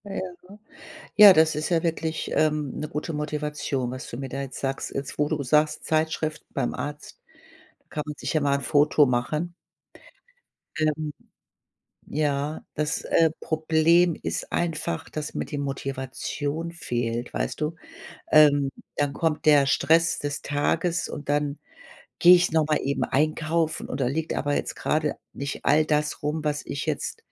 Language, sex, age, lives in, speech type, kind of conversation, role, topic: German, female, 55-59, Germany, conversation, advice, user, Wie kann ich mich mit kleinem Budget gesund ernähren, wenn mir Ideen und Motivation fehlen?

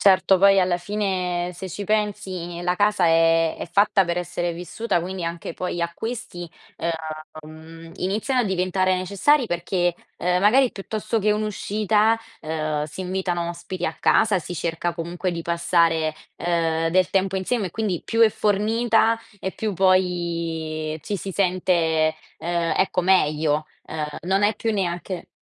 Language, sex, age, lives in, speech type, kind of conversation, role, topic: Italian, female, 20-24, Italy, conversation, podcast, host, Cosa rende davvero accogliente una casa per te?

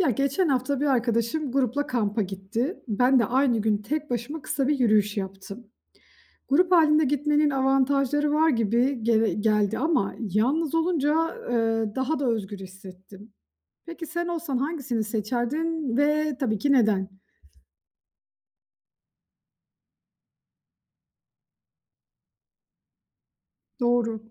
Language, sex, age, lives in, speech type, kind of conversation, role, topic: Turkish, female, 35-39, Austria, read, expert-assistant, asker, Doğada yürüyüşte grup halinde gitmek mi, tek başına gitmek mi daha iyi? Artılarını ve eksilerini nasıl değerlendirirsiniz?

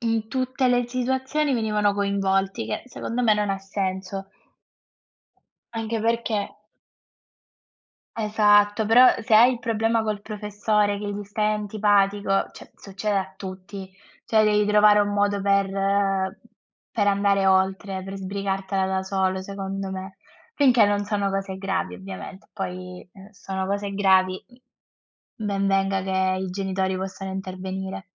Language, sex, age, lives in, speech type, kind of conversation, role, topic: Italian, female, 20-24, Italy, conversation, unstructured, none, Come dovrebbe cambiare la scuola per preparare meglio gli studenti alla vita?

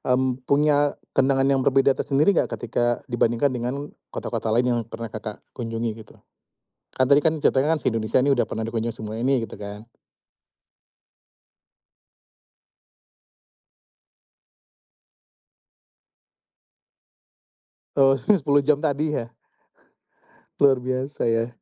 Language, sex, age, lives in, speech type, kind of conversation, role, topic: Indonesian, male, 35-39, Indonesia, conversation, podcast, host, Ceritakan satu perjalanan yang mengubah pandangan hidupmu, ya?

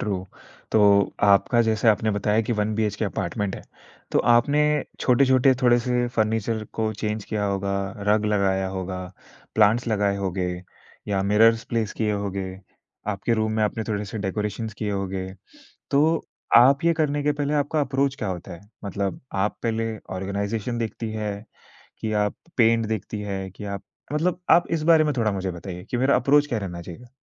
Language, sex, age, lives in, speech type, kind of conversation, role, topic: Hindi, male, 20-24, India, conversation, podcast, host, Small apartment ko zyada spacious feel karane ke liye kaunse tips sabse kaam aate hain?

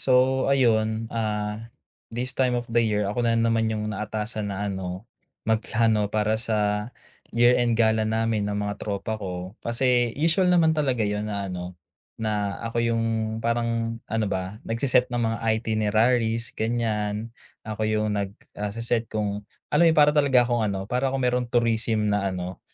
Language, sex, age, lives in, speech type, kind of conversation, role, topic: Filipino, male, 25-29, Philippines, conversation, advice, user, Paano ako magpaplano ng stress-free at masayang bakasyon?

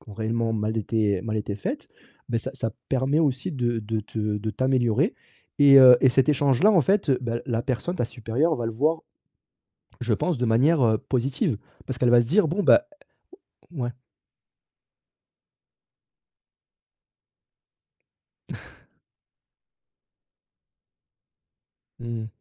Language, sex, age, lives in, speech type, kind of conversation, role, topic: French, male, 30-34, France, conversation, advice, advisor, Comment recevoir des critiques sans les prendre personnellement ?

